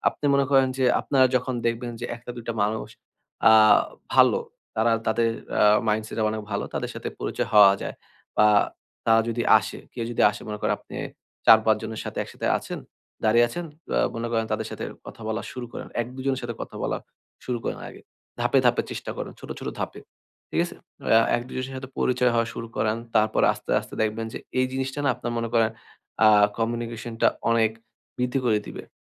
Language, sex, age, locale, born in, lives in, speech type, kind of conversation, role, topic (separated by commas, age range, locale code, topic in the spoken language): Bengali, male, 20-24, bn-BD, Bangladesh, Bangladesh, conversation, advice, advisor, সমাবেশ বা পার্টিতে গেলে একাকীত্ব অনুভব করলে আমি কী করতে পারি?